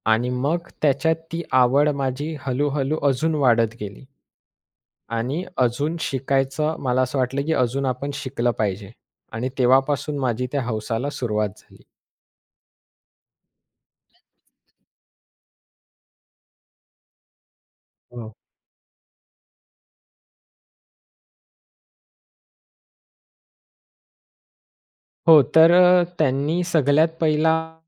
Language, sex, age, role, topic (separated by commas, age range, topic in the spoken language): Marathi, male, 20-24, guest, नवी हौस सुरू करण्यासाठी नेमकी कुठून आणि कशी सुरुवात करावी, यासाठी तुमच्या कोणत्या टिप्स आहेत?